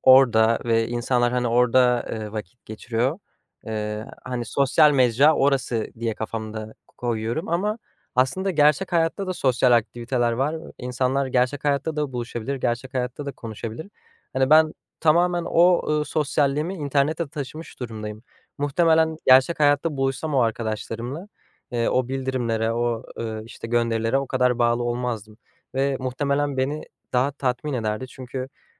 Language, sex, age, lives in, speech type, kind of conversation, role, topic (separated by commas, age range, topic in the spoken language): Turkish, male, 20-24, Netherlands, conversation, advice, user, Telefon ve sosyal medyada dikkatinizin kolayca dağılması durumunu nasıl yaşıyorsunuz?